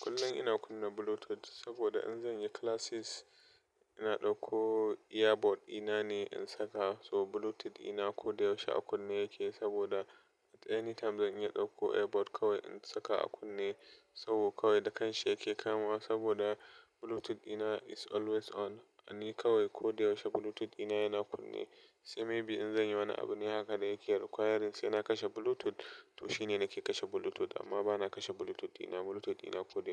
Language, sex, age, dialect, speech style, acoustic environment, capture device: Hausa, male, 25-34, Nigeria - Nigerian Standard, happy; relaxed, appliances, desktop / Linux / Chrome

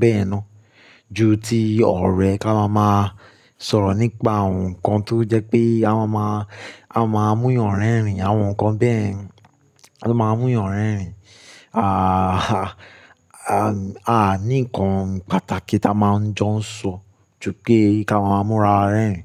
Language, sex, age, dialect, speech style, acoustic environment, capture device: Yoruba, male, 25-34, Nigeria - Lagos Yoruba, relaxed, silence, mobile / Linux / Chrome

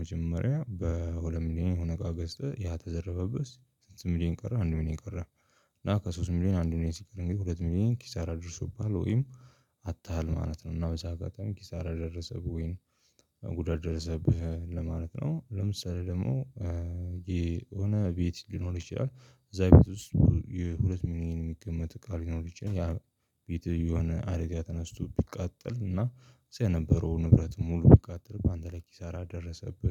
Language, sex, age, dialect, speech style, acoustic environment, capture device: Amharic, male, 25-34, Ethiopia - Addis Ababa, focused, people talking, mobile / Linux / Chrome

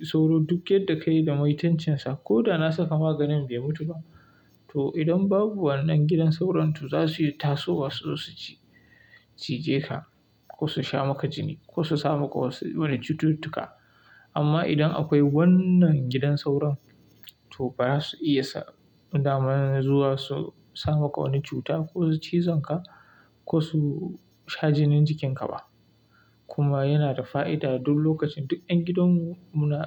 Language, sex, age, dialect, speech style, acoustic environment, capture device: Hausa, male, 25-34, Nigeria - Kano, happy; relaxed, silence; appliances, mobile / Linux / Chrome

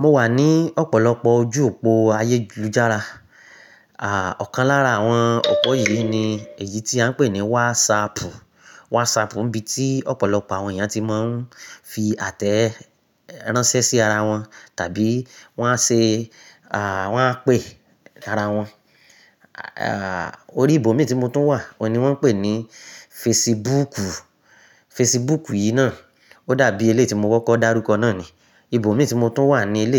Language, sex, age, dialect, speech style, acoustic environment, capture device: Yoruba, male, 35-44, Nigeria - Nigerian Standard, focused; excited; happy, silence, mobile / Linux / Chrome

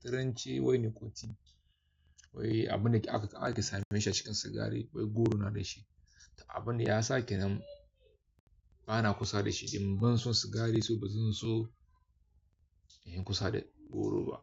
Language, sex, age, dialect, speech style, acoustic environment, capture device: Hausa, male, 25-34, Nigeria - Kano, focused, silence, desktop / Linux / Chrome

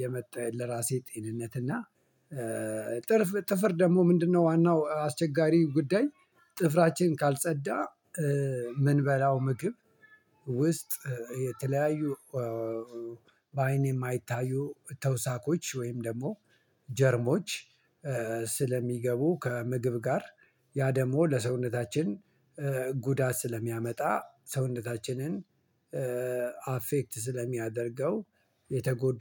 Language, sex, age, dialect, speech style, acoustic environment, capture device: Amharic, male, 45-54, Ethiopia - Addis Ababa, relaxed, silence, mobile / Linux / Chrome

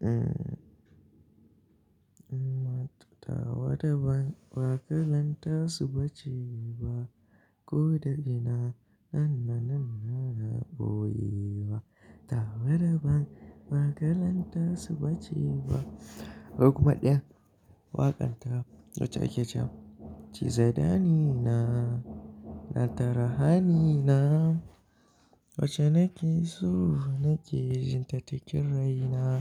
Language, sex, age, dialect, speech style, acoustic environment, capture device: Hausa, male, 18-24, Nigeria - Kano, happy, appliances, mobile / Linux / Chrome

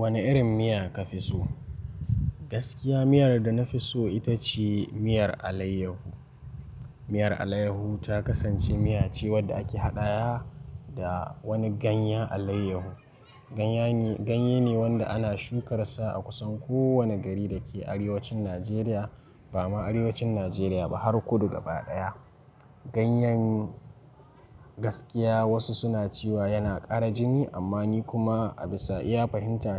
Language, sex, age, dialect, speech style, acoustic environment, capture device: Hausa, male, 18-24, Nigeria - Nigerian Standard, relaxed; focused, nature, mobile / Linux / Chrome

